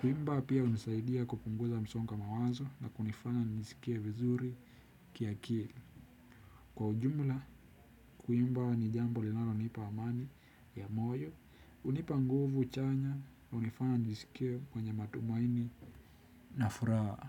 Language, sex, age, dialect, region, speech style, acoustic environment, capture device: Swahili, male, 18-24, Kenya - Nairobi Sheng-influenced, Kenya, happy; focused; relaxed; anxious, people talking; music; nature; silence; appliances, desktop / Windows / Chrome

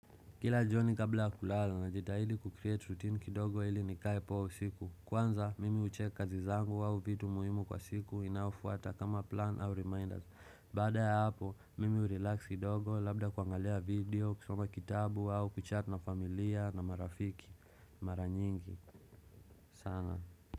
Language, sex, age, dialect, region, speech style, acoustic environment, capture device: Swahili, male, 25-34, Kenya - Nairobi Sheng-influenced, Kenya, relaxed, silence, desktop / Windows / Chrome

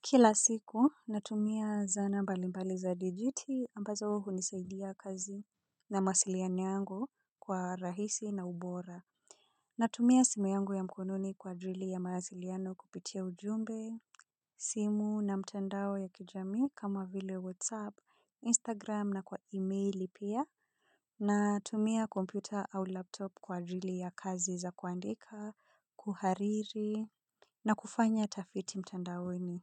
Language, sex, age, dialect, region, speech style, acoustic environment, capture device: Swahili, female, 25-34, Kenya - Nairobi Sheng-influenced, Kenya, relaxed; focused, people talking; silence; appliances, mobile / Linux / Chrome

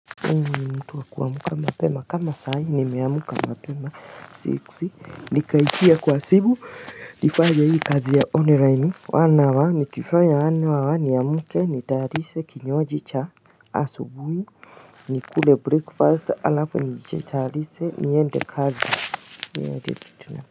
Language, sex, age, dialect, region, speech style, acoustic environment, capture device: Swahili, female, 35-44, Kenya - Mombasa, Kenya, nervous, people talking, mobile / Linux / Chrome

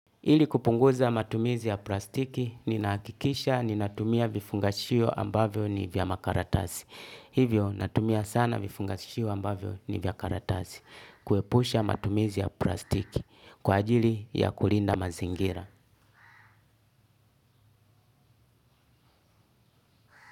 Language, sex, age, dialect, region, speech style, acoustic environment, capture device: Swahili, male, 35-44, Tanzania - Dar es Salaam, Tanzania, relaxed, music, desktop / Linux / Chrome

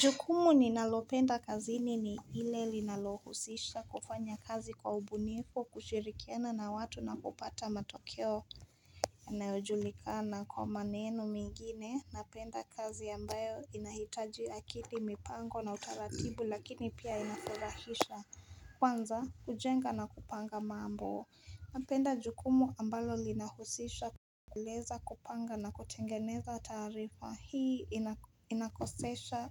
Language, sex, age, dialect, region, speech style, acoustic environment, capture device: Swahili, female, 18-24, Kenya - Nairobi Sheng-influenced, Kenya, focused; excited, nature, mobile / Linux / Chrome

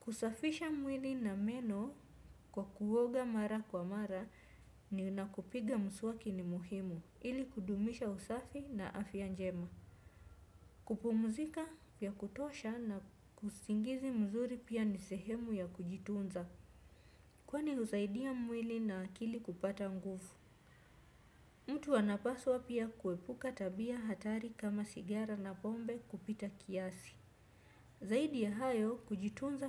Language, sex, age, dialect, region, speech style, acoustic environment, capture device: Swahili, female, 25-34, Kenya - Mombasa, Kenya, focused, nature, desktop / Windows / Chrome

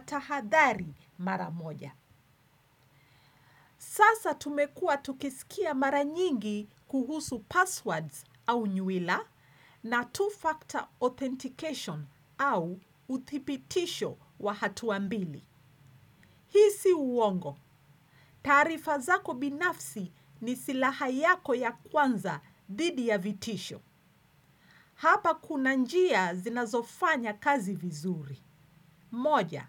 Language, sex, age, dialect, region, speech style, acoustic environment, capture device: Swahili, female, 45-54, Kenya - Nairobi Sheng-influenced, Kenya, relaxed, silence, desktop / Windows / Chrome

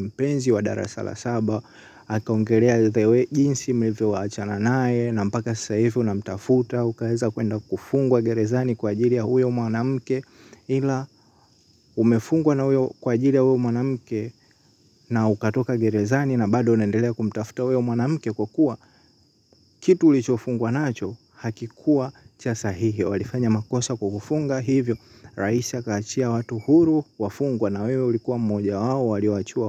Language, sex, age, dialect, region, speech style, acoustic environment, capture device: Swahili, male, 18-24, Tanzania - Dar es Salaam, Tanzania, happy, silence, mobile / Linux / Chrome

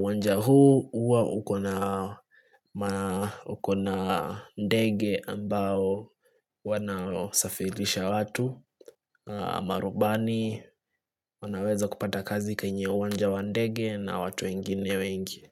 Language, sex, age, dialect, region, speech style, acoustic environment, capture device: Swahili, male, 25-34, Kenya - Nairobi Sheng-influenced, Kenya, relaxed, nature; silence, desktop / Linux / Chrome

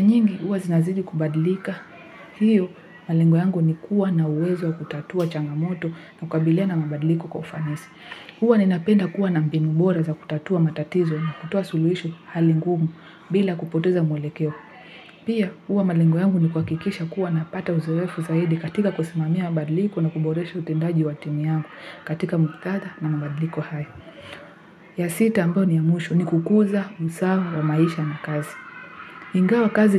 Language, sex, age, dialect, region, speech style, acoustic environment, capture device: Swahili, female, 18-24, Kenya - Nairobi Sheng-influenced, Kenya, happy, people talking; music; nature; silence; traffic, mobile / Linux / Chrome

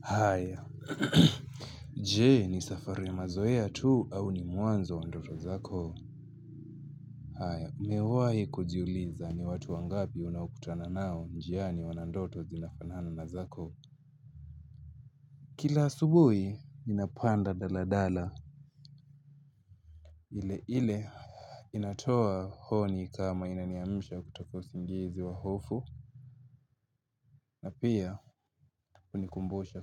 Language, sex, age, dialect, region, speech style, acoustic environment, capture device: Swahili, male, 18-24, Kenya - Mombasa, Kenya, happy; focused, nature, mobile / Linux / Chrome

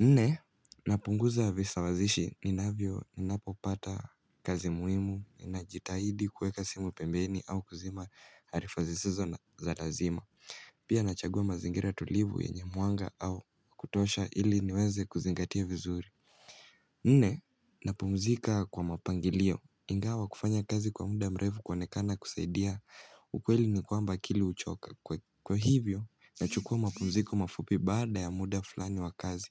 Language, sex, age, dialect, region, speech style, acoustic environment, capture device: Swahili, male, 18-24, Kenya - Mombasa, Kenya, nervous, silence, mobile / Linux / Chrome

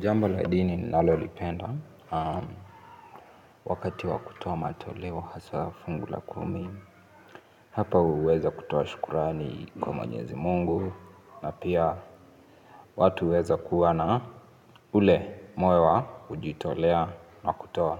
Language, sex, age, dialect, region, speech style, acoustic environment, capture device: Swahili, male, 18-24, Kenya - Mombasa, Kenya, focused, silence, mobile / Linux / Chrome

